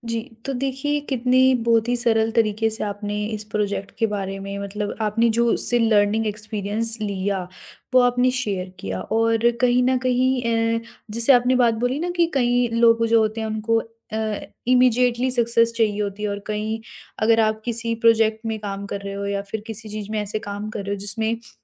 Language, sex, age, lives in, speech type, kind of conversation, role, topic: Hindi, female, 40-44, India, conversation, podcast, host, Kya aapka koi aisa project hai jis par aapko bahut garv hota hai?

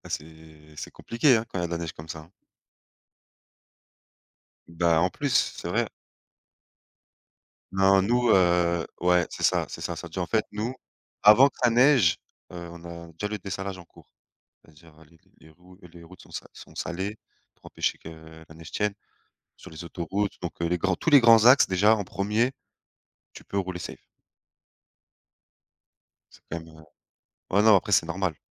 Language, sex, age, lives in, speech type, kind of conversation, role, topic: French, male, 30-34, France, conversation, unstructured, none, Quels sports aimais-tu pratiquer quand tu étais enfant ?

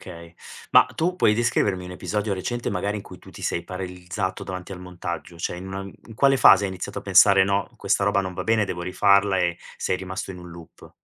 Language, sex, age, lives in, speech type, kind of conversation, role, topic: Italian, male, 40-44, Italy, conversation, advice, advisor, In che modo il perfezionismo ti impedisce di agire per paura di ottenere risultati imperfetti?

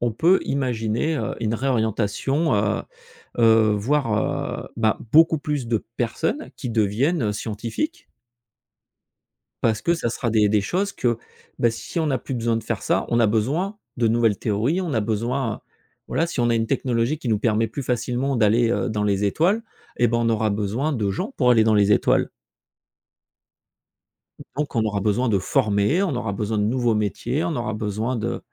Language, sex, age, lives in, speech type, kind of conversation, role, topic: French, male, 45-49, France, conversation, podcast, guest, Comment le télétravail va-t-il évoluer grâce aux technologies ?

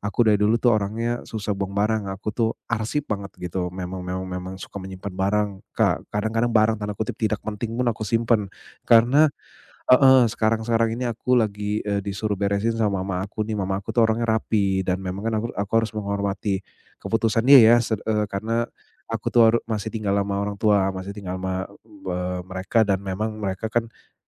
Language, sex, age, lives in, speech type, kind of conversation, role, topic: Indonesian, male, 35-39, Indonesia, conversation, advice, user, Bagaimana cara membuang barang tanpa merasa bersalah setiap kali?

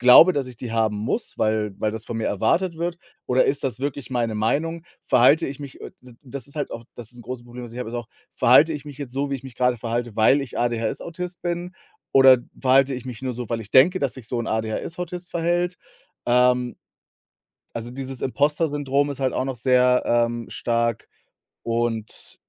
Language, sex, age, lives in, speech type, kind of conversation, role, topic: German, male, 35-39, Germany, conversation, unstructured, none, Wie wichtig sind dir soziale Kontakte für dein Wohlbefinden?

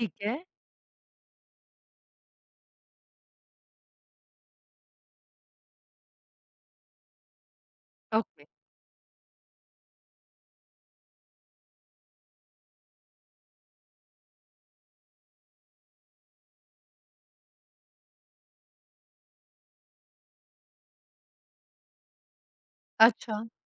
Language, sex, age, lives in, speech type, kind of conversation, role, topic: Hindi, female, 25-29, India, conversation, podcast, host, Jab relationship mein imandari toot jaaye, toh trust dobara kaise banaya ja sakta hai?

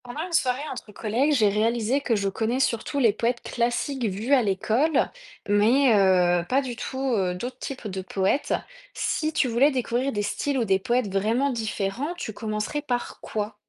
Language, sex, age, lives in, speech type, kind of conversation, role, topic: French, female, 30-34, France, read, expert-assistant, asker, Où pourrais-je commencer si j’ai envie de lire de la poésie qui sort des sentiers battus ?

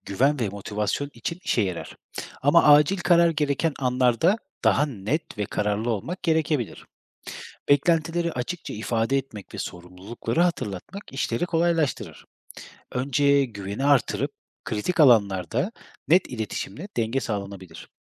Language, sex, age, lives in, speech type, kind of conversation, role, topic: Turkish, male, 30-34, Germany, read, expert-assistant, expert, Duygusal zekanın ana bileşenleri nelerdir ve bu kavramlar gündelik yaşamda nasıl ortaya çıkar?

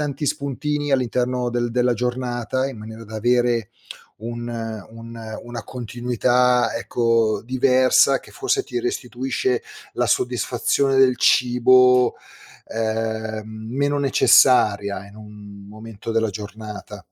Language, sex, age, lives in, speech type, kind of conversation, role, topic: Italian, male, 50-54, Italy, conversation, advice, advisor, In che modo ti ritrovi a mangiare per reazione allo stress o alla tristezza?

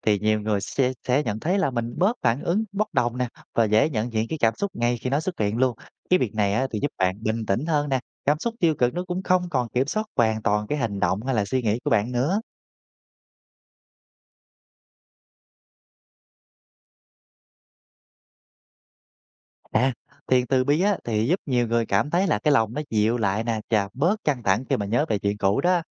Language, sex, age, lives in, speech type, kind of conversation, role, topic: Vietnamese, male, 30-34, Vietnam, read, expert-assistant, expert, Có phải mọi tôn giáo lớn đều dạy về lòng tốt và sự tha thứ như nhau không, hay cách hiểu mỗi nơi lại có điểm đặc biệt gì?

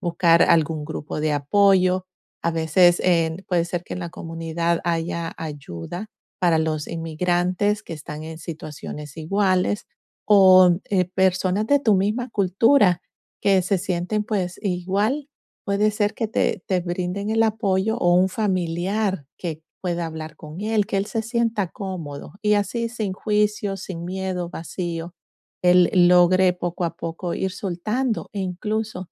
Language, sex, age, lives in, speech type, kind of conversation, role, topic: Spanish, female, 45-49, United States, conversation, advice, advisor, ¿Cómo puedo convertir la incertidumbre en una oportunidad de aprendizaje?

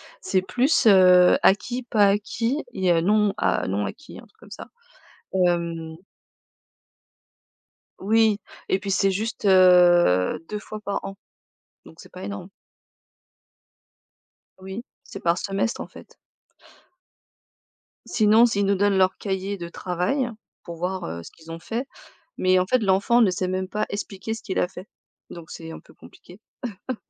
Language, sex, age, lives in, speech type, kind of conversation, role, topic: French, female, 35-39, France, conversation, podcast, guest, Comment peut-on vraiment trouver du temps pour sa famille malgré le travail ?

